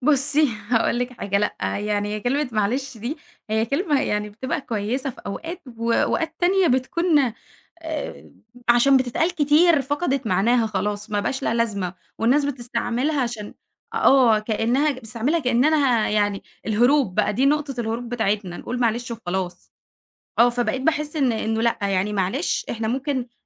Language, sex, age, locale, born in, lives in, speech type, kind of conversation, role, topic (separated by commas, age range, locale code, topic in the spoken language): Arabic, female, 20-24, ar-EG, Egypt, Egypt, conversation, podcast, guest, إزاي بتسمع بجد لما حد يحكيلك حاجة؟